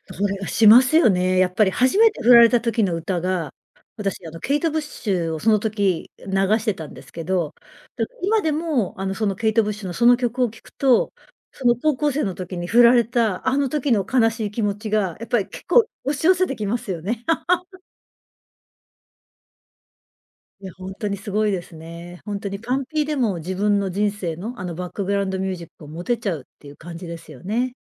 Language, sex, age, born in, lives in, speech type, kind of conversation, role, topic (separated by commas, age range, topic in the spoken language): Japanese, female, 55-59, Japan, Japan, conversation, podcast, guest, 昔と今で音楽の好みはどう変わりましたか？